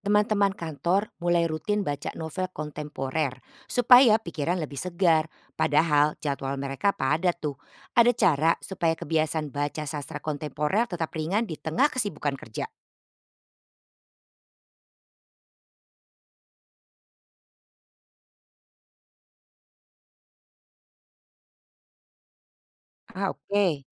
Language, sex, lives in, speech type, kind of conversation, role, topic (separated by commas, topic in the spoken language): Indonesian, female, Netherlands, read, expert-assistant, asker, Bagaimana cara membangun kebiasaan membaca sastra kontemporer di tengah kesibukan sehari-hari?